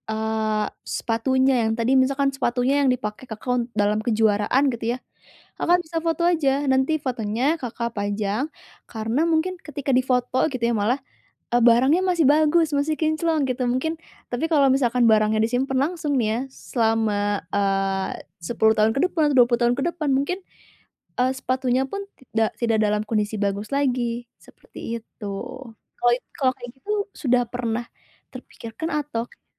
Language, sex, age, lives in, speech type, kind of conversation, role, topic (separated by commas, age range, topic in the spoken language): Indonesian, female, 20-24, Indonesia, conversation, advice, advisor, Bagaimana cara memutuskan barang mana yang harus disimpan?